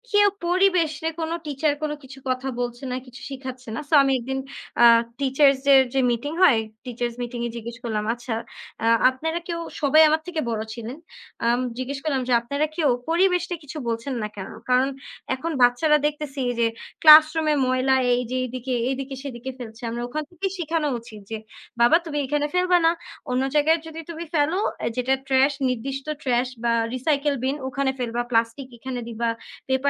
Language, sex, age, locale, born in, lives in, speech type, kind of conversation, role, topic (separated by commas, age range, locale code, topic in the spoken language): Bengali, female, 20-24, bn-BD, Bangladesh, Bangladesh, conversation, unstructured, none, প্রকৃতির সুরক্ষা কেন আমাদের জন্য জরুরি?